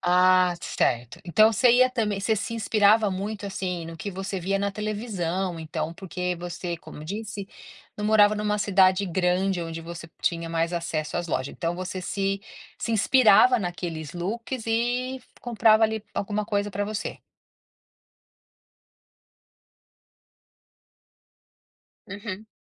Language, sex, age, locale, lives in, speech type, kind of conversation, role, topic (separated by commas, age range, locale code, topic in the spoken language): Portuguese, female, 50-54, pt-BR, United States, conversation, podcast, host, Que conselho de estilo você daria ao seu eu mais jovem?